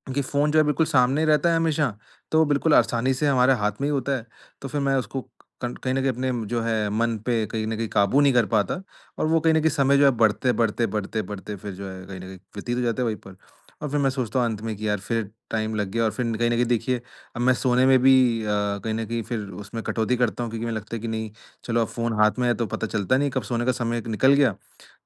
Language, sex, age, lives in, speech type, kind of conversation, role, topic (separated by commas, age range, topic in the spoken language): Hindi, male, 25-29, India, conversation, advice, user, मैं सोने से पहले स्क्रीन समय कैसे सीमित करूँ?